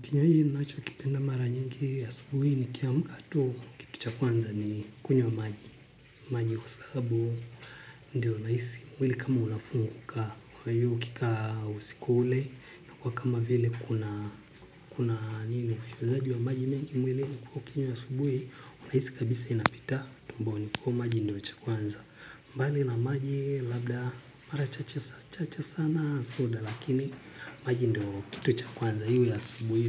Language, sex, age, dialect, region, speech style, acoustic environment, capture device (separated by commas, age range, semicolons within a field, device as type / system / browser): Swahili, male, 25-34, Tanzania - Dar es Salaam, Tanzania, relaxed, people talking; music; nature; appliances, mobile / Linux / Chrome